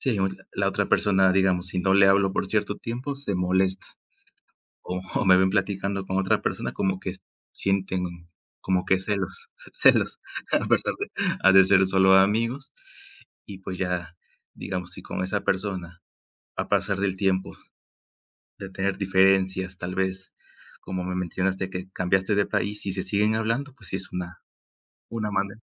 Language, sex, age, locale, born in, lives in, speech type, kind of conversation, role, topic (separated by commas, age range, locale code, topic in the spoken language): Spanish, male, 20-24, es-MX, Mexico, Mexico, conversation, unstructured, none, ¿De qué manera la amistad puede ayudarte a crecer como persona?